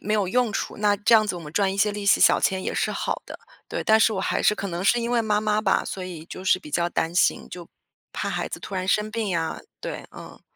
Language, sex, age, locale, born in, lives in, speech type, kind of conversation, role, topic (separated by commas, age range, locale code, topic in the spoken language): Chinese, female, 35-39, zh-CN, China, United States, conversation, advice, user, 我和伴侣在预算与消费观念上严重不合，该如何沟通并找到可行的财务安排？